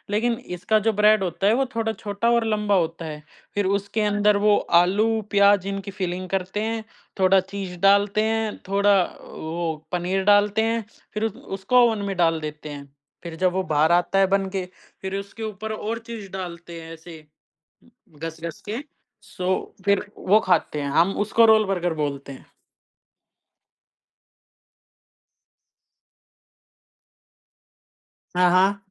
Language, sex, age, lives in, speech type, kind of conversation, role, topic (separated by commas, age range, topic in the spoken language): Hindi, male, 20-24, India, conversation, podcast, guest, Aisa kaunsa shehar ka local khaana hai jo aap aaj tak bhool nahi paaye, aur woh kya tha?